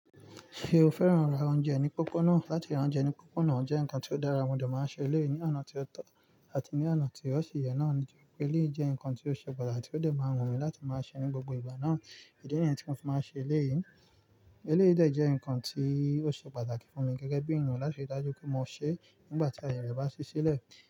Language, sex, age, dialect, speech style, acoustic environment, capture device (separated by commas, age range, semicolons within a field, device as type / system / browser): Yoruba, male, 25-34, Nigeria - Nigerian Standard, relaxed, nature, mobile / Linux / Chrome